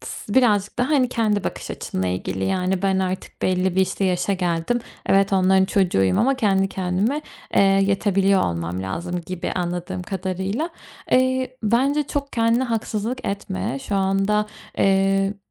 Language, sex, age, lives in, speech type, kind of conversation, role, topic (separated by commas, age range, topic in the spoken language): Turkish, female, 25-29, Spain, conversation, advice, advisor, Kredi kartı borcunuz nasıl birikti ve bu durum size nasıl bir utanç duygusu yaşattı?